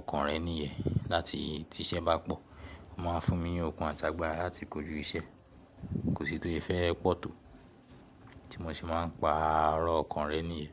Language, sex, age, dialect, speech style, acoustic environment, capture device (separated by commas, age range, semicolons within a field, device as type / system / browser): Yoruba, male, 18-24, Nigeria - Lagos Yoruba, relaxed, silence, mobile / Linux / Chrome